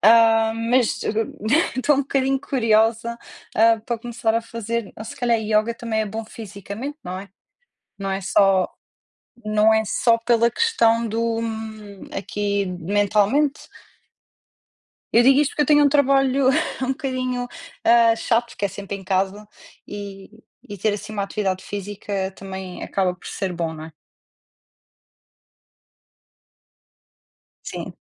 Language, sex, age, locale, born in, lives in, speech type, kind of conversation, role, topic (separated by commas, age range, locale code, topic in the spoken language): Portuguese, female, 30-34, pt-PT, Portugal, Portugal, conversation, unstructured, none, Como seus hobbies ajudam a reduzir o estresse?